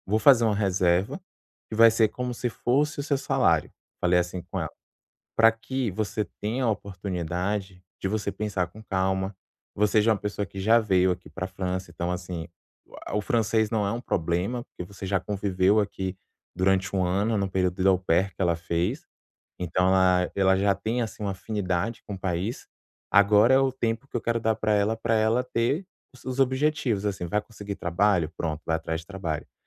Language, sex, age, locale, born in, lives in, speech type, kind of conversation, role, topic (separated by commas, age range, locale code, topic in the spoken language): Portuguese, male, 25-29, pt-BR, Brazil, France, conversation, advice, user, Como posso conversar sobre nossos objetivos de vida sem gerar conflitos?